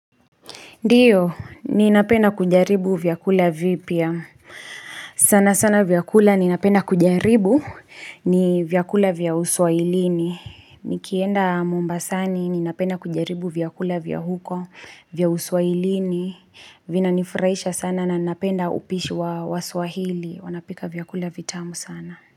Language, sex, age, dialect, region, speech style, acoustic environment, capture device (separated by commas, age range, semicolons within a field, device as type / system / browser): Swahili, female, 25-34, Kenya - Kiambu, Kenya, happy; focused, silence, mobile / Linux / Chrome